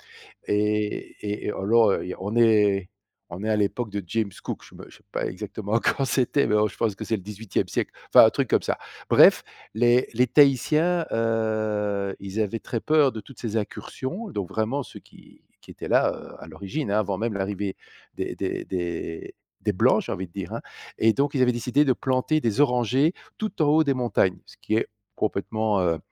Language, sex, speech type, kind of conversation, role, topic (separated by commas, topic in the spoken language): French, male, conversation, unstructured, none, As-tu déjà planté un arbre, et comment ça s’est passé ?